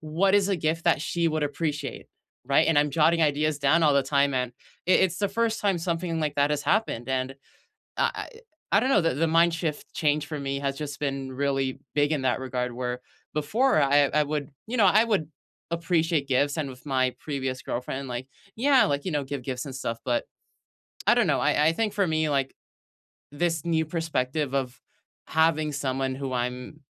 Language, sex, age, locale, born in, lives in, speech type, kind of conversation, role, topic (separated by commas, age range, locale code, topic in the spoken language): English, male, 20-24, en-US, United States, United States, conversation, unstructured, none, How do you show and receive appreciation?